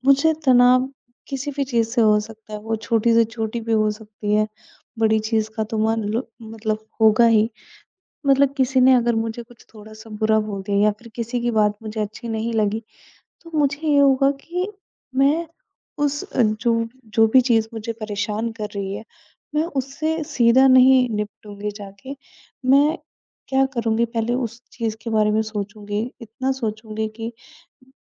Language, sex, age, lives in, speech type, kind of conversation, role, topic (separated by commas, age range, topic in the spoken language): Hindi, female, 20-24, India, conversation, advice, user, तनाव के समय आपकी भूख कैसे बदलती है और आपकी खाने की आदतें कैसे गड़बड़ हो जाती हैं?